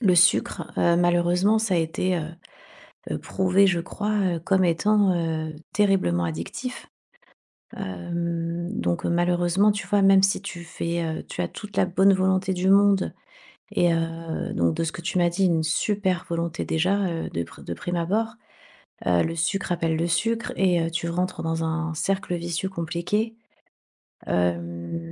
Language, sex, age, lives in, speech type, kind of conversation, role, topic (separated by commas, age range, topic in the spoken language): French, female, 40-44, Spain, conversation, advice, advisor, Comment arrêter de manger impulsivement malgré ma volonté de changer mon alimentation ?